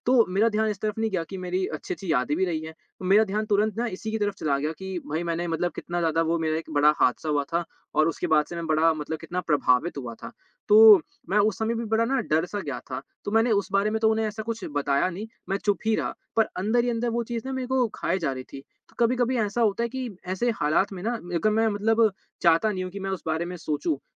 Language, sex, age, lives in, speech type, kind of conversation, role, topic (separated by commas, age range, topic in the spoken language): Hindi, male, 20-24, India, conversation, advice, user, दर्दनाक यादें बार-बार क्यों लौटती हैं और मैं शांत क्यों नहीं हो पाता/पाती?